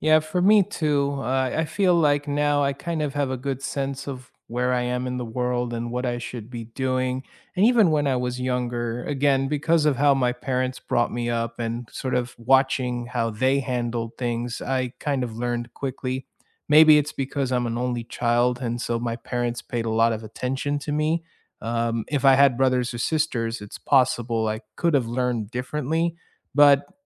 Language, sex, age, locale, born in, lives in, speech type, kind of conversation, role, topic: English, male, 30-34, en-US, United States, United States, conversation, unstructured, none, How do you learn together with others by reflecting on past lessons, noticing your current habits, and taking simple next steps?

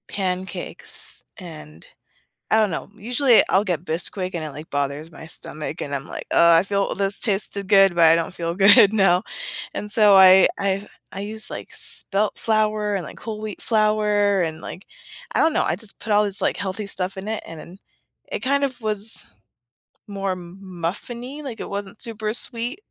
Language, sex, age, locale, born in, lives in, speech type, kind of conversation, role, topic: English, female, 30-34, en-US, United States, United States, conversation, podcast, guest, What are some practical ways to build healthier eating habits without making drastic changes?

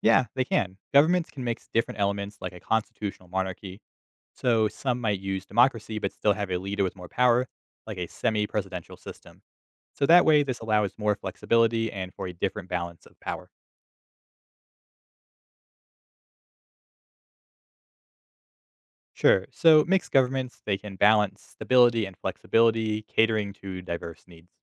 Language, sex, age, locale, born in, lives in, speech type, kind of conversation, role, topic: English, male, 25-29, en-US, United States, United States, read, expert-assistant, expert, What are the main forms of government worldwide?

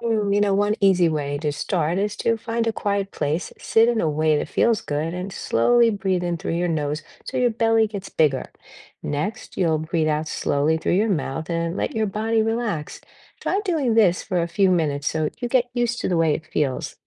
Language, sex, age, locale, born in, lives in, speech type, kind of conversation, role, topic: English, female, 60-64, en-US, United States, United States, read, expert-assistant, expert, How does practicing deep breathing help you relax?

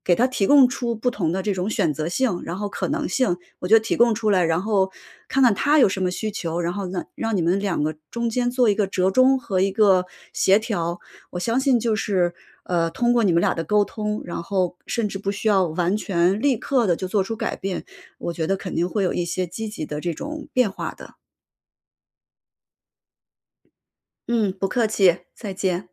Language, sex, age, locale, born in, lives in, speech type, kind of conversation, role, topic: Chinese, female, 35-39, zh-CN, China, United States, conversation, advice, advisor, 我该如何在家庭的期望与自己的选择之间取得平衡？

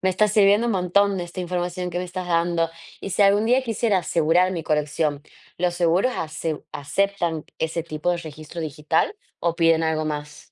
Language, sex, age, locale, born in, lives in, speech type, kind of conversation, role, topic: Spanish, female, 25-29, es-AR, Argentina, Netherlands, read, expert-assistant, asker, ¿Vale la pena invertir tiempo y dinero en coleccionar figuras de acción, considerando su valor emocional y económico?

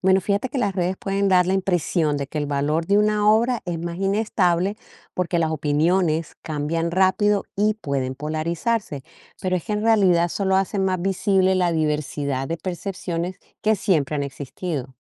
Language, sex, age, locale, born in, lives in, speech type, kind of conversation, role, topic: Spanish, female, 55-59, es-CO, Colombia, United States, read, expert-assistant, expert, ¿Cómo cambia el valor de una obra de arte con el tiempo y la sociedad?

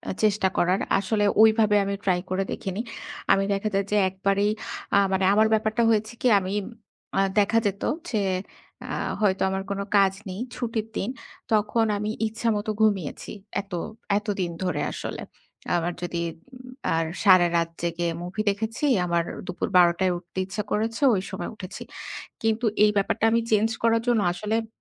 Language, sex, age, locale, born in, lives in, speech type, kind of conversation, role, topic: Bengali, female, 30-34, bn-BD, Bangladesh, Bangladesh, conversation, advice, user, নিয়মিত অভ্যাস স্থাপন ও বজায় রাখা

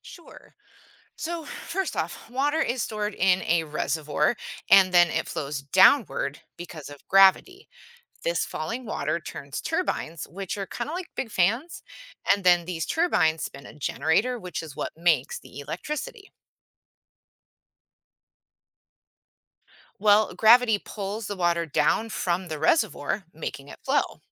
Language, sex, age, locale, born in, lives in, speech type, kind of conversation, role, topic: English, female, 40-44, en-US, United States, United States, read, expert-assistant, expert, How does a hydroelectric dam generate electricity?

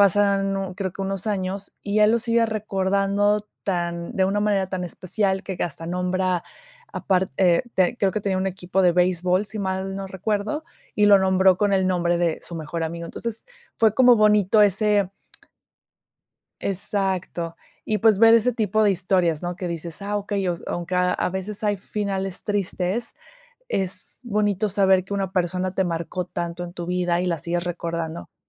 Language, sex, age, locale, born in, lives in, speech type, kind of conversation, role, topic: Spanish, female, 30-34, es-MX, Mexico, Mexico, conversation, podcast, guest, ¿Qué hace que una película sea memorable para ti?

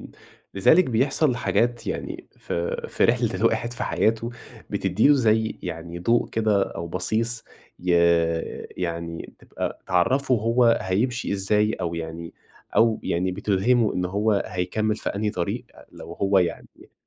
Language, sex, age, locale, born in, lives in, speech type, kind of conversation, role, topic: Arabic, male, 20-24, ar-EG, Egypt, Egypt, conversation, podcast, guest, إزاي بتلاقي الإلهام في تفاصيل حياتك اليومية؟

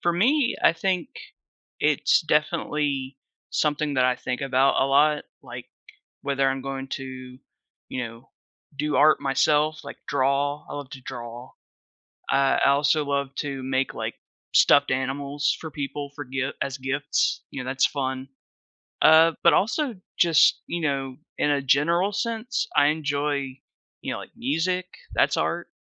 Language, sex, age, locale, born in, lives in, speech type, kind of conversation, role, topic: English, male, 30-34, en-US, United States, United States, conversation, podcast, guest, How does art shape our daily experiences and well-being?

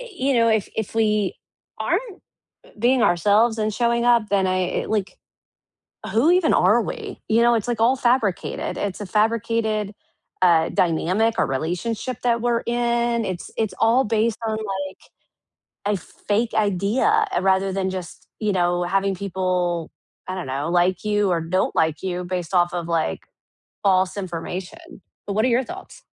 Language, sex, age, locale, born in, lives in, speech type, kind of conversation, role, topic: English, female, 35-39, en-US, United States, United States, conversation, unstructured, none, What does honesty mean to you in everyday life?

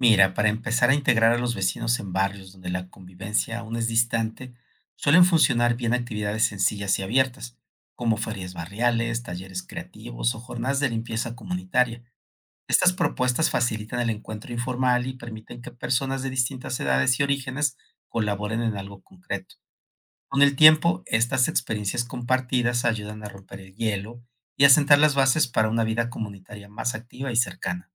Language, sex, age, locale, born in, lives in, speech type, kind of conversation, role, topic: Spanish, male, 55-59, es-MX, Mexico, Mexico, read, expert-assistant, expert, ¿Puedes explicarme cómo se forman los barrios en las ciudades y por qué algunos se desarrollan de manera diferente a otros?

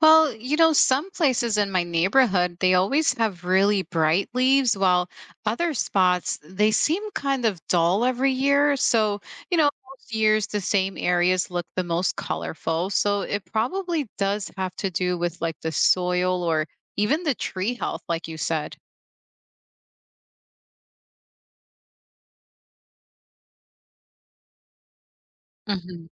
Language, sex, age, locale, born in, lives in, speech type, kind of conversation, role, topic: English, female, 35-39, en-US, United States, United States, read, expert-assistant, asker, What factors influence the color and impact of fall leaves?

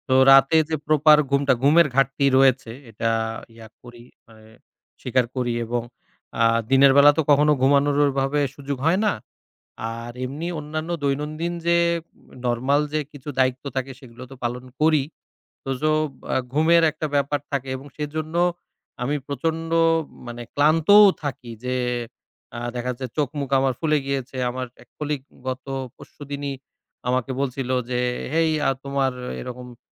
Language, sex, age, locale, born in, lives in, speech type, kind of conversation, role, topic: Bengali, male, 30-34, bn-BD, Bangladesh, Bangladesh, conversation, advice, user, ডেডলাইনের চাপের কারণে কোনো আইডিয়া আসছে না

